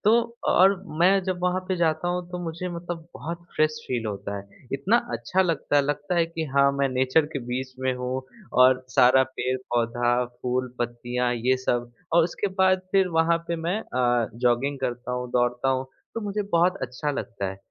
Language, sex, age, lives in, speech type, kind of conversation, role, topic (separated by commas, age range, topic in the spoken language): Hindi, male, 25-29, India, conversation, podcast, guest, Chhota sa green spot tumhara din kaise badal deta hai?